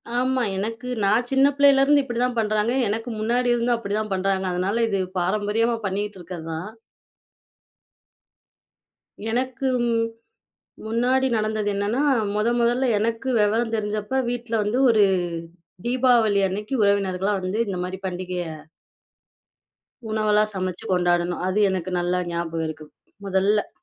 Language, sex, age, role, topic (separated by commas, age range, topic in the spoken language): Tamil, female, 25-29, guest, பண்டிகைகளில் உங்கள் குடும்பம் எந்த உணவைச் சமைத்துப் பெருமைப்படுகிறது?